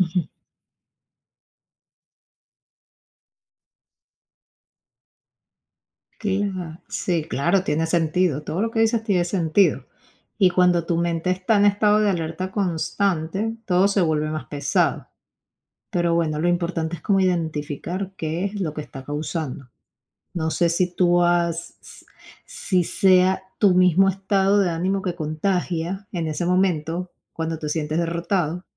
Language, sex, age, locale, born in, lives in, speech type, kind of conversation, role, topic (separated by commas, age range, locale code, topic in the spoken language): Spanish, female, 35-39, es-VE, Venezuela, United States, conversation, advice, advisor, ¿Cómo puedo manejar el bloqueo que siento después de recibir críticas negativas durante mucho tiempo?